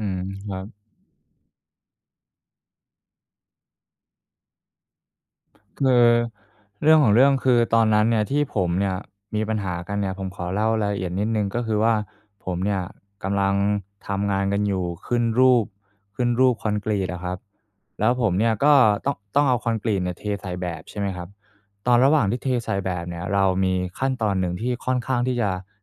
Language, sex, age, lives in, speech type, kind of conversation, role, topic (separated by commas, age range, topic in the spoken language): Thai, male, 25-29, Thailand, conversation, advice, user, ฉันควรให้คำติชมเพื่อนร่วมงานอย่างไรให้เขาไม่รู้สึกเสียใจ?